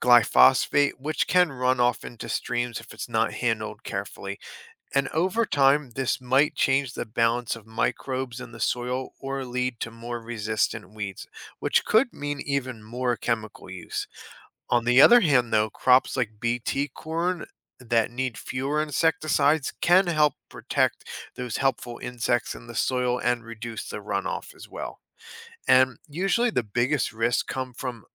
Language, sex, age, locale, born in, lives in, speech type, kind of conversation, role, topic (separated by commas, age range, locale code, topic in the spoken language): English, male, 35-39, en-US, United States, United States, read, expert-assistant, expert, I keep seeing news about genetically modified crops—are they really that different from traditional crops, or is there a lot of confusion around what GMO means in farming?